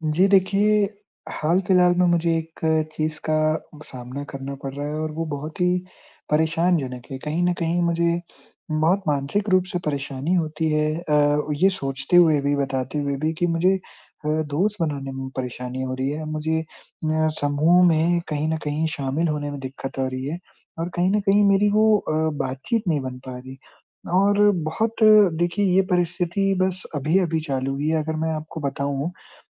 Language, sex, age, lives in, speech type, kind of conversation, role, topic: Hindi, male, 20-24, India, conversation, advice, user, मैं समूह में अधिक आत्मविश्वास कैसे महसूस करूं और बातचीत में शामिल कैसे हो पाऊं?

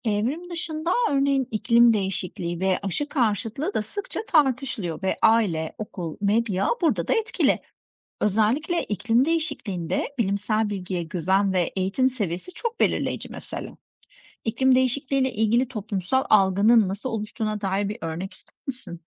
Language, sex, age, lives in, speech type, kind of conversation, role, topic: Turkish, female, 40-44, Greece, read, expert-assistant, expert, Evrim kavramı neden bazı toplumlarda kolayca kabul edilirken, bazılarında tartışma konusu oluyor?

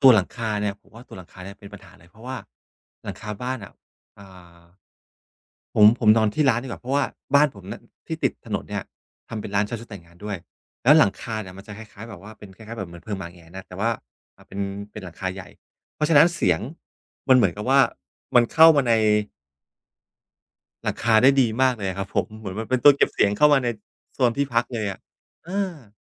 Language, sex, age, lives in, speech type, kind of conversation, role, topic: Thai, male, 45-49, Thailand, conversation, advice, user, พักผ่อนอยู่บ้านแล้วยังเครียด ควรทำอย่างไรให้ผ่อนคลาย?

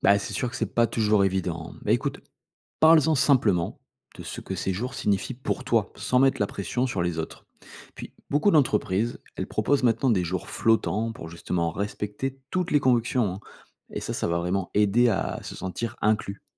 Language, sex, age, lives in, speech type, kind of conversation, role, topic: French, male, 35-39, France, read, expert-assistant, expert, Comment concilier ses propres croyances religieuses avec celles d'amis ou collègues qui pensent différemment ?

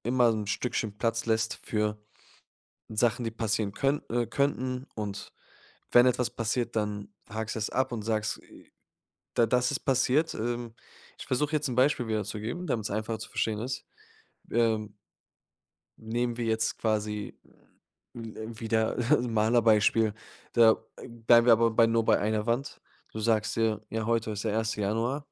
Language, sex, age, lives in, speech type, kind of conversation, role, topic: German, male, 25-29, Germany, conversation, advice, advisor, Wie kann ich kleine Fortschritte messen und feiern, wenn meine Gewohnheit stagniert?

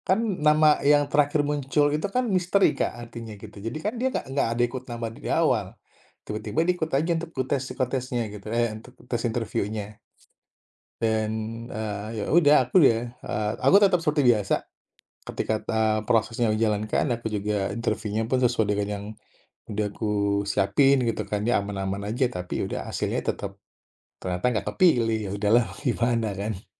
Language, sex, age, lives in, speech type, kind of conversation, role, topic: Indonesian, male, 35-39, Indonesia, conversation, podcast, guest, Bisakah kamu menceritakan satu kegagalan yang mengubah cara pandangmu, dan bagaimana kejadiannya?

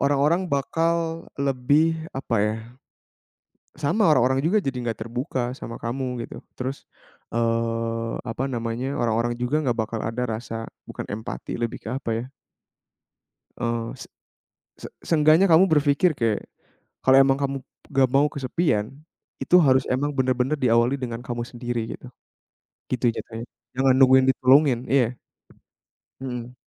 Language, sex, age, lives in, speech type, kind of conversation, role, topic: Indonesian, male, 20-24, Indonesia, conversation, podcast, guest, Pernahkah kamu merasa kesepian, dan bagaimana caramu bangkit dari perasaan itu?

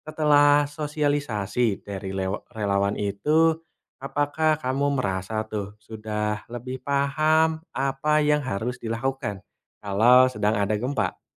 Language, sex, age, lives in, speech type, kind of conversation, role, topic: Indonesian, male, 20-24, Indonesia, read, expert-assistant, expert, Bagaimana proses terjadinya gempa bumi?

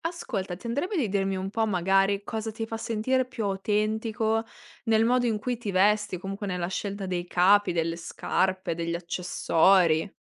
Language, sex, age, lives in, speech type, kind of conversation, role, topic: Italian, female, 20-24, Italy, conversation, podcast, host, Che cosa ti fa sentire più autentico quando ti vesti?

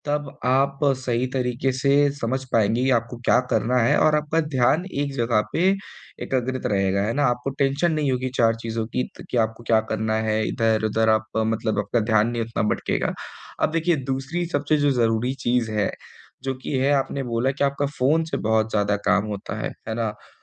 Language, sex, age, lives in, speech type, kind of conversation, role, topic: Hindi, male, 25-29, India, conversation, advice, advisor, मैं काम के दौरान नोटिफ़िकेशन और व्यवधान कैसे कम कर सकता/सकती हूँ?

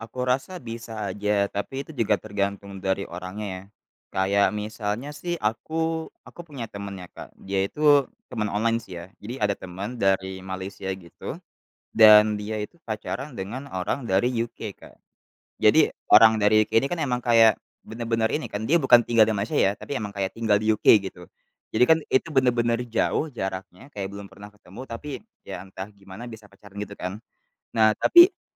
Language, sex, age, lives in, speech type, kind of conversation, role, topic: Indonesian, male, 20-24, Indonesia, conversation, podcast, guest, Bagaimana menjaga hubungan jarak jauh agar tetap hangat?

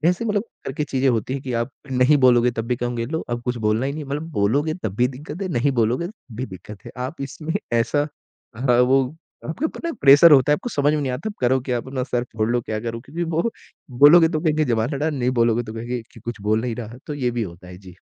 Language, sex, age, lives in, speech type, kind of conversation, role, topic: Hindi, male, 20-24, India, conversation, podcast, guest, Family ya doston ka pressure aapke faislon ko kaise aur kyun uljha deta hai?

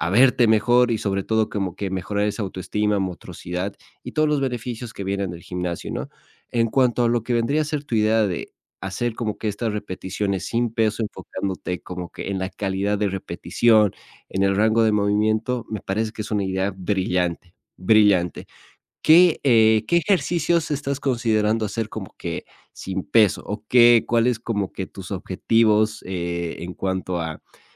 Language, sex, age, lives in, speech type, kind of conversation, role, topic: Spanish, male, 25-29, Spain, conversation, advice, advisor, ¿Cómo puedo empezar y mantener una rutina diaria de ejercicio?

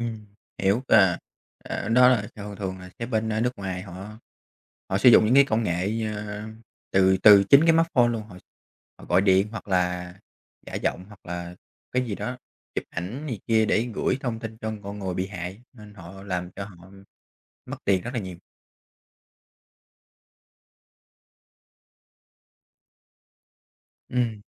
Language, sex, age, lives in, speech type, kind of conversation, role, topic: Vietnamese, male, 30-34, Vietnam, conversation, unstructured, none, Điều gì khiến bạn ngạc nhiên nhất về điện thoại thông minh?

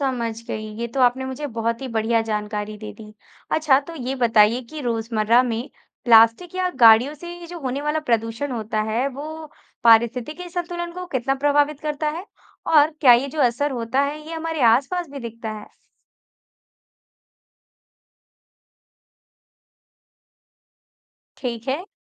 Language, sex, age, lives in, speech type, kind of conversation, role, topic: Hindi, female, 20-24, India, read, expert-assistant, asker, पारिस्थितिक संतुलन क्या है, और यह कैसे बिगड़ता या बनता है? इसके उदाहरण क्या हैं?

